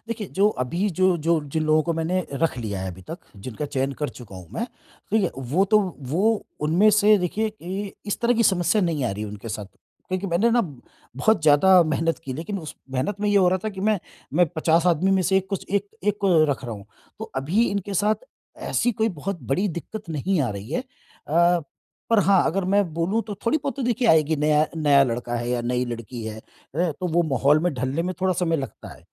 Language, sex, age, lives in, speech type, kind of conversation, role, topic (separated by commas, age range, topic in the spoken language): Hindi, male, 50-54, India, conversation, advice, user, टीम निर्माण और संस्कृति प्रबंधन